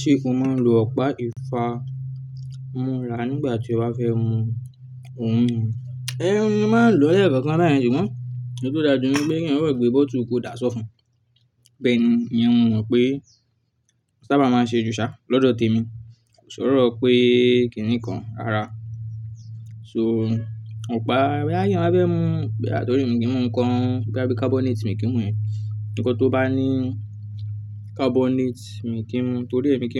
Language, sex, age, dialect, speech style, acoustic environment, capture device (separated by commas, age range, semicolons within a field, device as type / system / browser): Yoruba, male, 18-24, Nigeria - Ibadan, relaxed, nature, desktop / Linux / Chrome